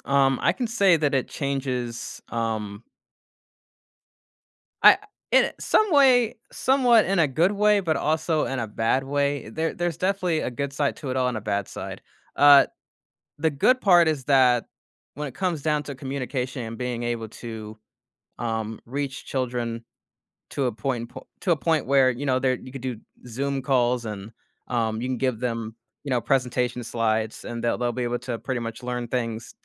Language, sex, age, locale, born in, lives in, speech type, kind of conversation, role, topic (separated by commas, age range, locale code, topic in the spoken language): English, male, 25-29, en-US, United States, United States, conversation, podcast, guest, In what ways is technology transforming education and the learning experience?